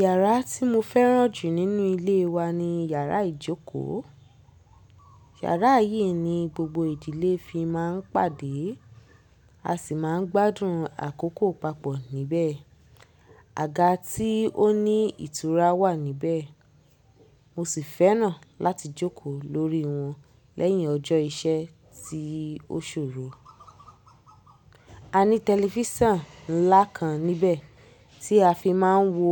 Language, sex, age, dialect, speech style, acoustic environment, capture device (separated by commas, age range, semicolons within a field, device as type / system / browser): Yoruba, female, 25-34, Nigeria - Lagos Yoruba, happy; focused, people talking; nature, mobile / Linux / Chrome